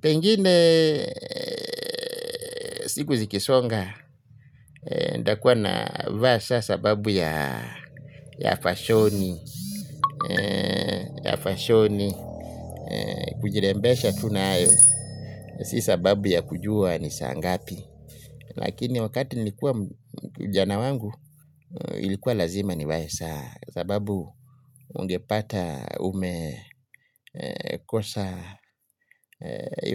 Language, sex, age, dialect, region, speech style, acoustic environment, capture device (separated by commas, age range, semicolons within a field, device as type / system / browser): Swahili, male, 35-44, Kenya - Mombasa, Kenya, happy, people talking; traffic, mobile / Linux / Chrome